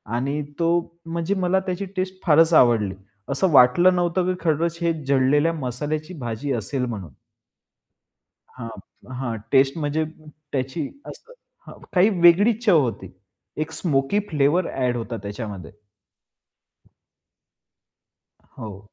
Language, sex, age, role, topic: Marathi, male, 25-29, guest, एखाद्या प्रवासात खाल्लेल्या एखाद्या पदार्थाची आठवण होते का?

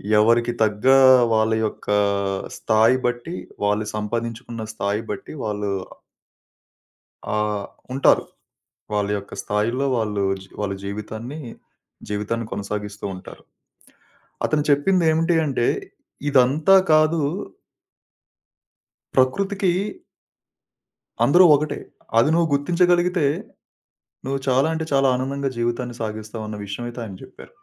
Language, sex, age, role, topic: Telugu, male, 30-34, guest, ఏ అనుభవం మీ దృష్టికోణాన్ని మార్చింది?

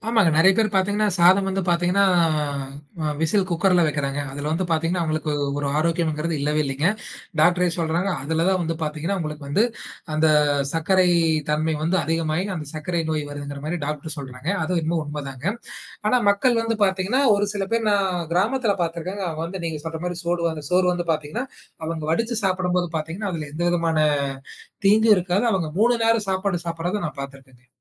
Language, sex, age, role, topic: Tamil, male, 20-24, guest, சுவையைக் குறைக்காமல் ஆரோக்கியமாகச் சாப்பிடுவது எப்படி?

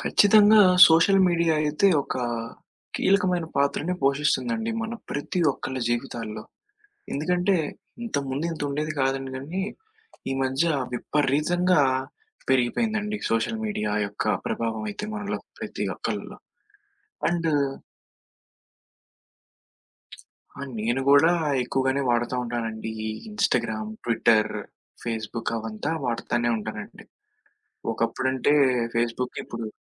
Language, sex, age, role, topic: Telugu, male, 20-24, guest, సామాజిక మాధ్యమాల్లో కనిపించే ట్రెండ్లు మీ నిర్ణయాలను ఎలా ప్రభావితం చేసి మార్చేస్తాయి?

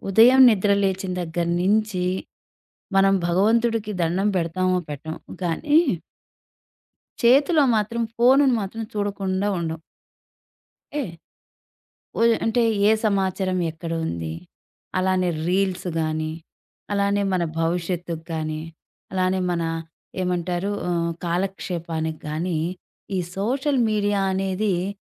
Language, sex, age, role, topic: Telugu, female, 40-44, host, సోషల్ మీడియాలో ఫ్యాషన్ ప్రభావాన్ని మీరు ఎలా చూస్తారు?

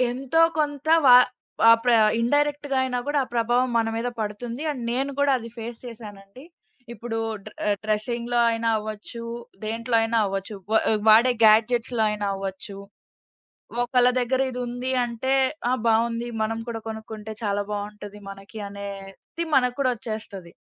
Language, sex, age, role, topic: Telugu, female, 20-24, guest, సోషల్ మీడియా మీ స్టైల్‌ను ఎలా ప్రభావితం చేసింది?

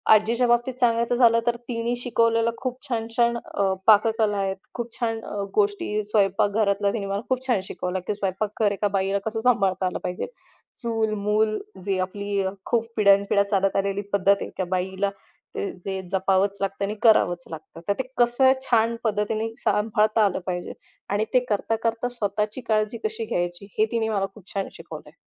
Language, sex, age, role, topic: Marathi, female, 25-29, guest, आजी-आजोबांनी शिकवलेली कोणती गोष्ट तुम्ही अजूनही पाळता?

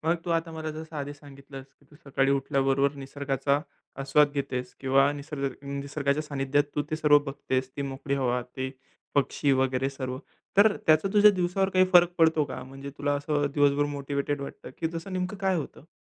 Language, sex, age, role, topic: Marathi, male, 20-24, host, तुम्ही दिवसभरात तणाव कमी करण्यासाठी कोणती छोटी सवय करता?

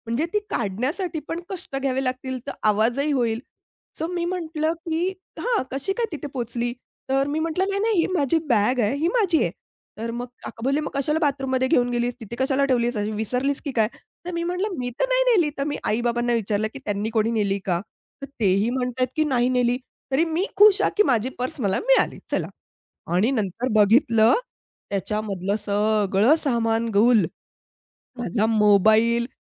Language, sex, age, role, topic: Marathi, female, 30-34, guest, तुमचं सामान कधी हरवलं आणि त्यानंतर नेमकं काय घडलं?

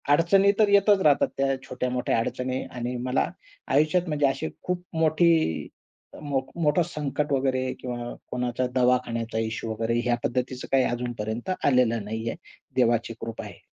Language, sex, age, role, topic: Marathi, male, 20-24, guest, तुमच्या आयुष्यातला सर्वात मोठा बदल कधी झाला?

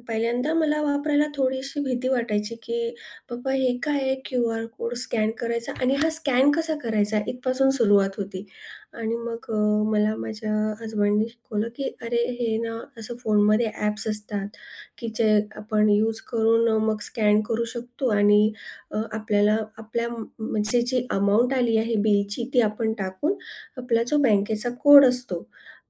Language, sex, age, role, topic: Marathi, female, 40-44, guest, डिजिटल पेमेंट वापरताना तुमचा अनुभव कसा आहे?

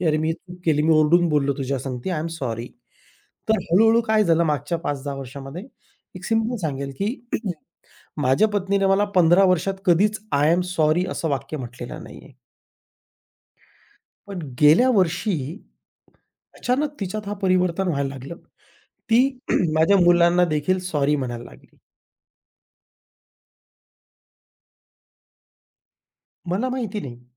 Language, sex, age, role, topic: Marathi, male, 40-44, guest, स्वतःला माफ करणं तुम्हाला कसं जमतं?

